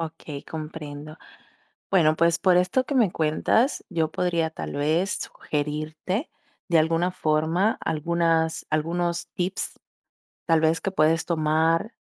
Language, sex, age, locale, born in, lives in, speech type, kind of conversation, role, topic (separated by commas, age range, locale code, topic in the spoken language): Spanish, female, 20-24, es-DO, Dominican Republic, Italy, conversation, advice, advisor, ¿Cómo puedo recargar mi energía mental durante el día?